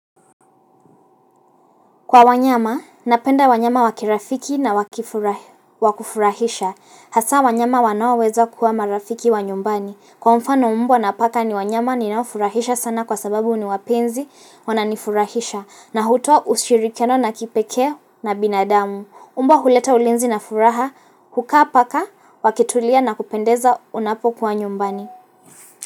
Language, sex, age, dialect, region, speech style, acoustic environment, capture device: Swahili, female, 18-24, Kenya - Mombasa, Kenya, relaxed; focused, silence, mobile / Linux / Chrome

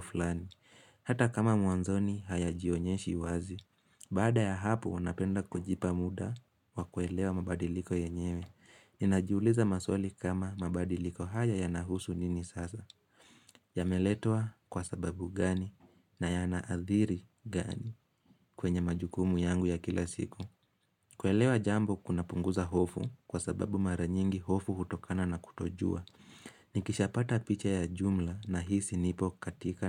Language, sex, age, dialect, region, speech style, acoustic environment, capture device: Swahili, male, 18-24, Kenya - Nairobi Sheng-influenced, Kenya, focused; relaxed, silence, mobile / Linux / Chrome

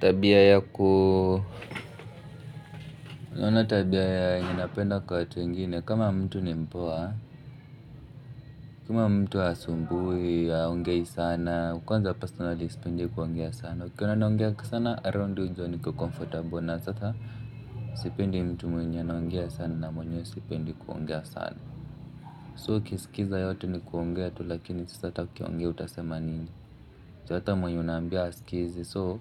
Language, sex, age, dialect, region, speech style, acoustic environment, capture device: Swahili, male, 25-34, Kenya - Nairobi Sheng-influenced, Kenya, relaxed, people talking; music, mobile / Linux / Chrome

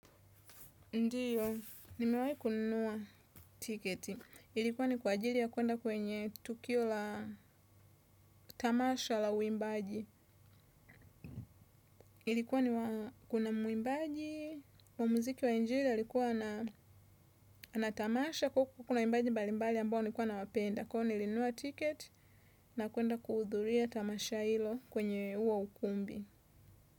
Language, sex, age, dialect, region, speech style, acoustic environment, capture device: Swahili, female, 25-34, Tanzania - Dar es Salaam, Tanzania, nervous; tired, traffic; construction; nature, desktop / Windows / Chrome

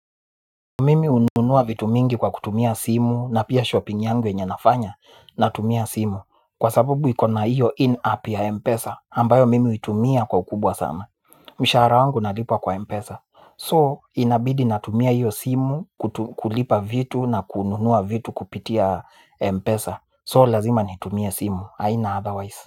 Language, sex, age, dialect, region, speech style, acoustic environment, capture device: Swahili, male, 25-34, Kenya - Nairobi Sheng-influenced, Kenya, nervous; excited; focused, people talking; music; nature, mobile / Linux / Chrome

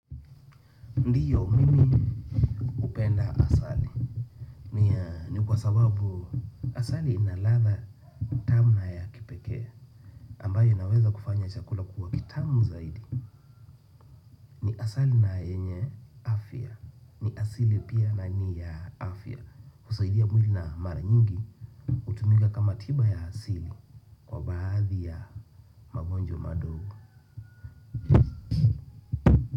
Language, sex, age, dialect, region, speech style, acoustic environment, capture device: Swahili, male, 25-34, Kenya - Nairobi Sheng-influenced, Kenya, nervous, nature, desktop / Windows / Chrome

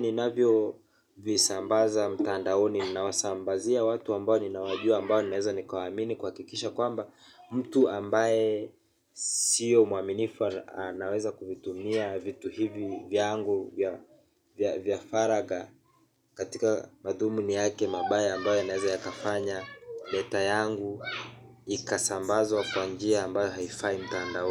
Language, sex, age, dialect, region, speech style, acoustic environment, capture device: Swahili, male, 25-34, Kenya - Mombasa, Kenya, relaxed, people talking, mobile / Linux / Chrome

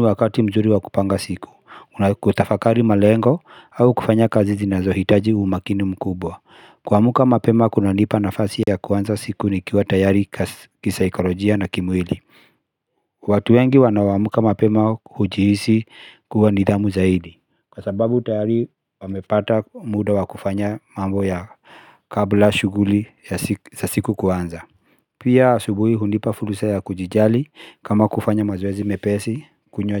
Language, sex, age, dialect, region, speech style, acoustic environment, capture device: Swahili, male, 35-44, Kenya - Nairobi Sheng-influenced, Kenya, relaxed, nature, mobile / Linux / Chrome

